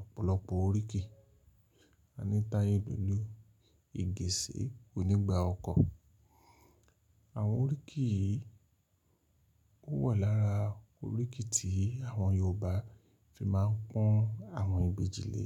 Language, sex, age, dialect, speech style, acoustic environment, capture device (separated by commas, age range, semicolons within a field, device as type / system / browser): Yoruba, male, 45-54, Nigeria - Lagos Yoruba, relaxed, silence, mobile / Linux / Chrome